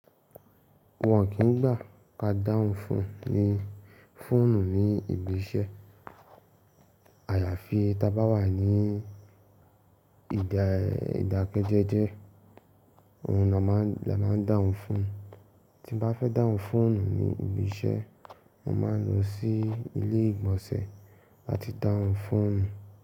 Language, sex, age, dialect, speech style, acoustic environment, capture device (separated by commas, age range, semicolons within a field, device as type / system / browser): Yoruba, male, 18-24, Nigeria - Ibadan, focused, nature, mobile / Linux / Chrome